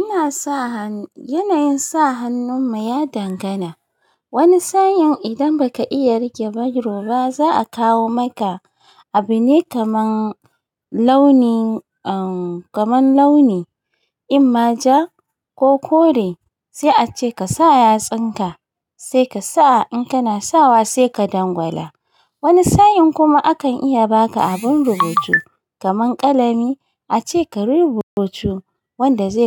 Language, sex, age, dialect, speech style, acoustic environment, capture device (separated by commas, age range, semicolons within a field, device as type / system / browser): Hausa, female, 35-44, Nigeria - Kano, happy, nature, mobile / Linux / Chrome